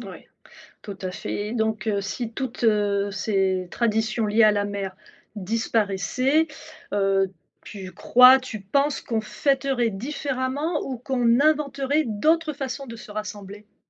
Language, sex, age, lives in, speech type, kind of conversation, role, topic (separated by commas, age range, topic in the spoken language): French, female, 50-54, France, read, expert-assistant, asker, Si l’océan Atlantique disparaissait du jour au lendemain, à quoi ressemblerait notre vie en France ?